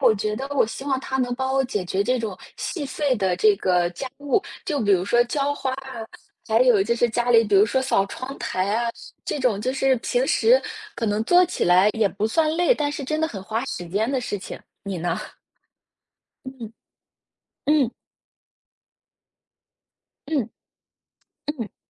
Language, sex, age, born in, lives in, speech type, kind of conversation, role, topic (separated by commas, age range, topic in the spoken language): Chinese, female, 25-29, China, United States, conversation, unstructured, none, 你如何看待未来机器人走进家庭生活？